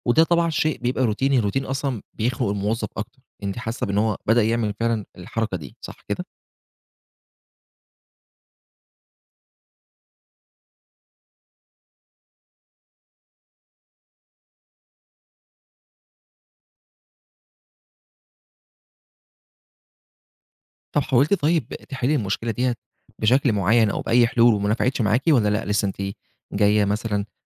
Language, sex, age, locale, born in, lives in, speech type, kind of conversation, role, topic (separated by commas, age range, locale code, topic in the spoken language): Arabic, male, 25-29, ar-EG, Egypt, Egypt, conversation, advice, advisor, إزاي أتعامل مع مديري المتحكّم وأطلب منه مساحة أكبر للاستقلالية؟